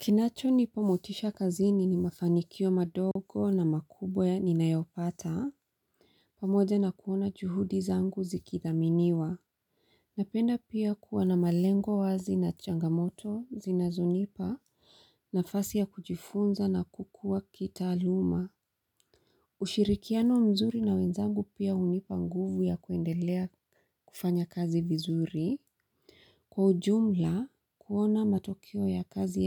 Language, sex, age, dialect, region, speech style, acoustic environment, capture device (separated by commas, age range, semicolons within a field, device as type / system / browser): Swahili, female, 35-44, Kenya - Mombasa, Kenya, happy; focused, silence, mobile / Linux / Chrome